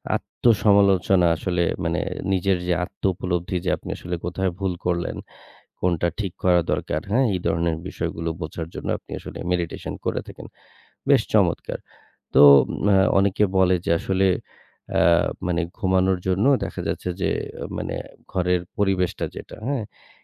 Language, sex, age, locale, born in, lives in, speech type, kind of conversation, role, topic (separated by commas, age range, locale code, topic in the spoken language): Bengali, male, 30-34, bn-BD, Bangladesh, Bangladesh, conversation, podcast, host, রাতটা আরামদায়ক করতে তুমি কোন রুটিন মেনে চল?